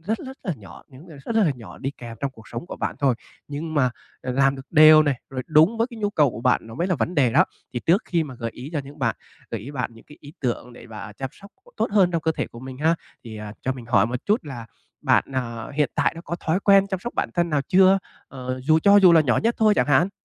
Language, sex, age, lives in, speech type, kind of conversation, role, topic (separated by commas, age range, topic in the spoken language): Vietnamese, male, 25-29, Vietnam, conversation, advice, advisor, Làm thế nào để chăm sóc bản thân mỗi ngày một cách hiệu quả?